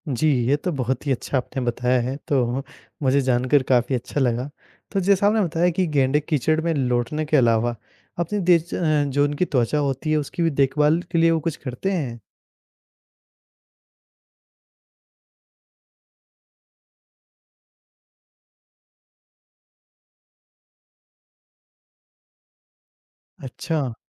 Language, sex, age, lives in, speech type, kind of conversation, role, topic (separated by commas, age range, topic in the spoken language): Hindi, male, 20-24, India, read, expert-assistant, asker, गेंडे की त्वचा इतनी मोटी क्यों होती है?